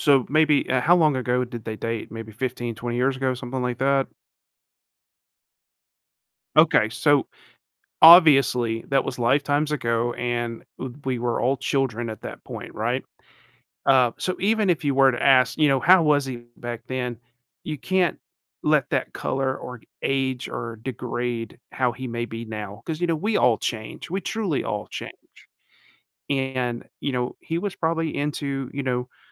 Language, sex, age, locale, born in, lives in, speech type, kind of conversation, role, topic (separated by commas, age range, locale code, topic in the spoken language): English, male, 40-44, en-US, United States, United States, conversation, advice, advisor, How should I approach a tough conversation with a friend?